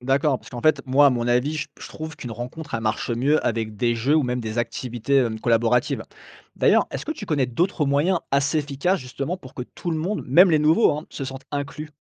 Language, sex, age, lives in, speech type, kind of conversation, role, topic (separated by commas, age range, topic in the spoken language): French, male, 35-39, France, read, expert-assistant, asker, Vaut-il mieux appartenir à une grande communauté ou à un petit cercle soudé ?